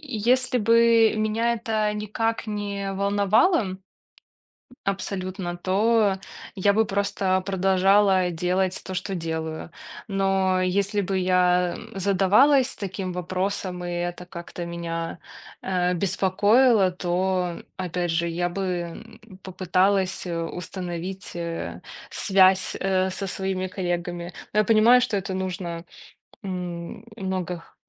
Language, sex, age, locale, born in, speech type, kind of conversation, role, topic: Russian, female, 30-34, ru-RU, Belarus, conversation, podcast, guest, Что делает работу по‑настоящему искренней?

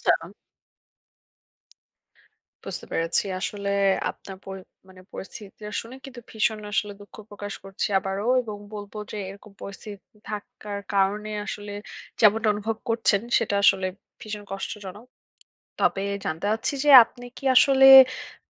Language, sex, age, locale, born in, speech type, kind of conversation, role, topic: Bengali, female, 25-29, bn-BD, Bangladesh, conversation, advice, advisor, নতুন জায়গায় কীভাবে ছোট ছোট পদক্ষেপে থাকার জায়গাটাকে আপন করে তুলতে পারি?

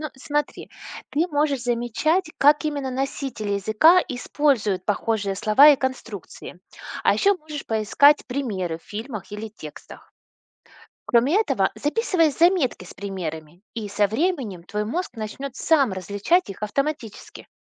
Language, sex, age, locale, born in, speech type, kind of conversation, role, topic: Russian, female, 40-44, ru-RU, Russia, read, expert-assistant, expert, Что проще — учить родственные языки или совершенно разные? Почему?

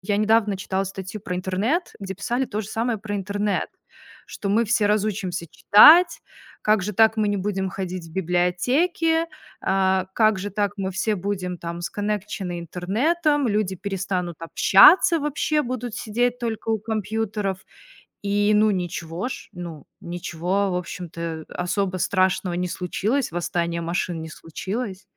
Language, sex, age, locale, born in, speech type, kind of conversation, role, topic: Russian, female, 30-34, ru-RU, Belarus, conversation, unstructured, none, Какое изобретение изменило твою жизнь больше всего?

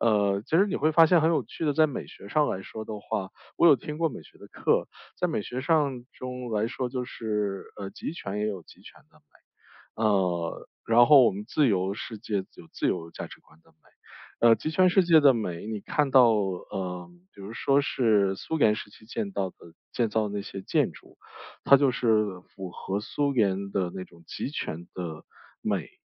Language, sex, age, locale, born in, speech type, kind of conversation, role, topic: Chinese, male, 35-39, zh-CN, China, conversation, podcast, guest, 是什么触发了你审美的改变？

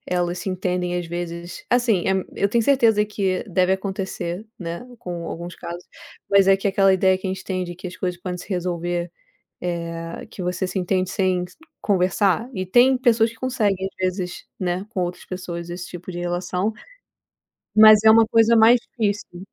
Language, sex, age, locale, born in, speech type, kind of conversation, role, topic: Portuguese, female, 30-34, pt-BR, Brazil, conversation, unstructured, none, Como você costuma resolver desentendimentos com amigos?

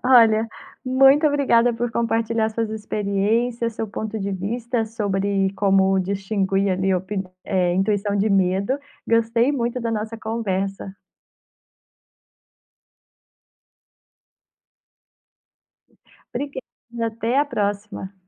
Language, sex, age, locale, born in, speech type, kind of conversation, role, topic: Portuguese, female, 30-34, pt-BR, Brazil, conversation, podcast, host, Como distinguir intuição de medo?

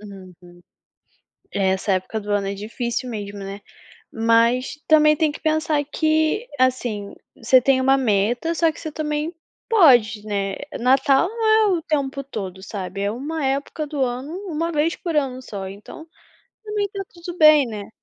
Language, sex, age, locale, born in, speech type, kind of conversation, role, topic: Portuguese, female, 20-24, pt-BR, Brazil, conversation, advice, advisor, Como você se sente pressionado(a) por comparações nas redes sociais e na academia?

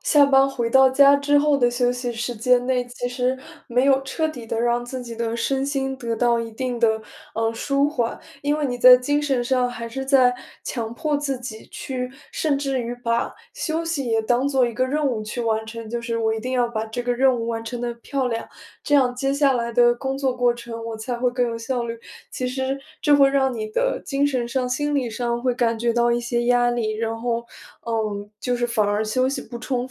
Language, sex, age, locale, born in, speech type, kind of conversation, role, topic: Chinese, female, 20-24, zh-CN, China, conversation, advice, advisor, 我怎样才能把休息放在优先位置而不感到内疚？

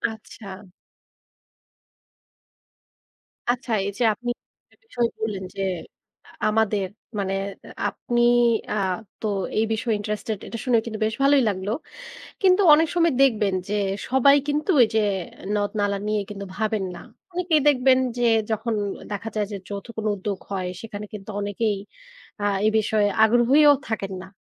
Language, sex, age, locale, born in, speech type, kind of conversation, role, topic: Bengali, female, 35-39, bn-BD, Bangladesh, conversation, podcast, host, স্থানীয় নদ-নালা বাঁচাতে সাধারণ মানুষ কী কী করতে পারে?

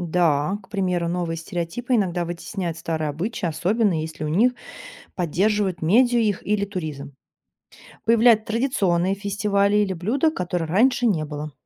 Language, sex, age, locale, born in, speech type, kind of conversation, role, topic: Russian, female, 30-34, ru-RU, Russia, read, expert-assistant, expert, Как отличить реальные факты о быте народов от мифов в интернете?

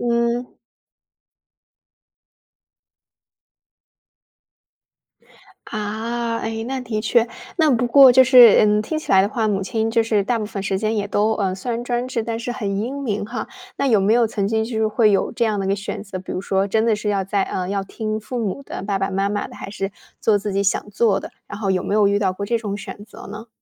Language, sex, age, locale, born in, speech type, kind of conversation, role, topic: Chinese, female, 25-29, zh-CN, China, conversation, podcast, host, 跨代差异会如何影响你的身份认同？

